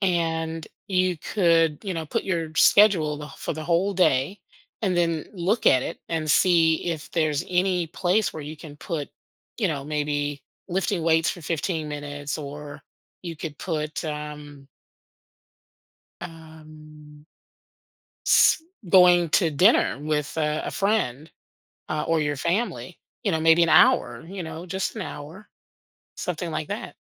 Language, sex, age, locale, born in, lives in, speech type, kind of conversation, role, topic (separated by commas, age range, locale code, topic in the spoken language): English, female, 50-54, en-US, United States, United States, conversation, advice, advisor, What challenges are you facing in balancing work and your personal life?